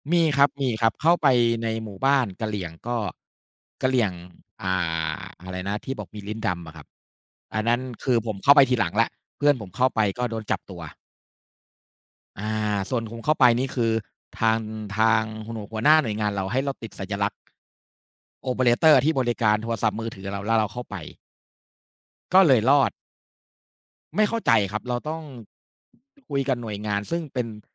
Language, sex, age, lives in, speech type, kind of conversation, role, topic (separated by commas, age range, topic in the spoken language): Thai, male, 40-44, Thailand, conversation, unstructured, none, คุณชอบอะไรมากที่สุดเกี่ยวกับงานที่ทำอยู่ตอนนี้?